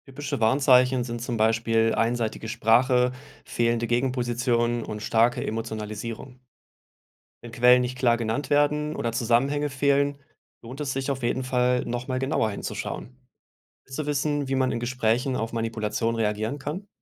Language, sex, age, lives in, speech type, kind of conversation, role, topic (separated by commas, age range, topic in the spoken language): German, male, 35-39, Germany, read, expert-assistant, expert, Wie kann ich ganz praktisch lernen, politische Entscheidungen und Meinungen besser zu hinterfragen?